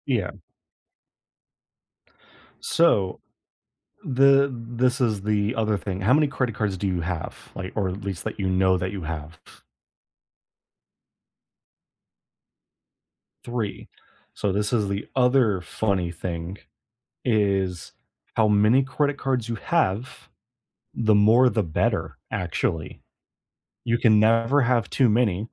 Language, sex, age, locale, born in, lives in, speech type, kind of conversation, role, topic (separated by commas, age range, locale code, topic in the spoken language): English, male, 25-29, en-US, United States, United States, conversation, unstructured, none, What surprising factors can affect your credit score?